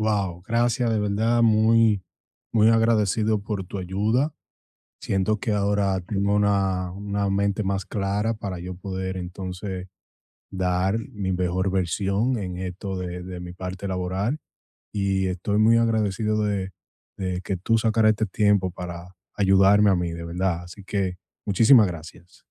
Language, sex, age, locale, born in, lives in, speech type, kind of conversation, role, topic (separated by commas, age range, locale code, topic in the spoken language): Spanish, male, 25-29, es-DO, United States, United States, conversation, advice, user, ¿Cómo puedo proteger mi autoestima cuando recibo críticas en el trabajo?